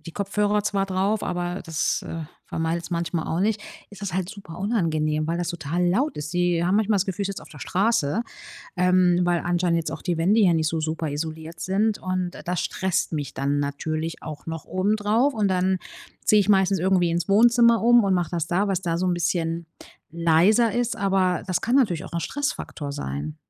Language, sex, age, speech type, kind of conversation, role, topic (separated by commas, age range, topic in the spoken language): German, female, 35-39, conversation, advice, user, Wie kann ich meine Energie während langer Arbeitsphasen aufrechterhalten?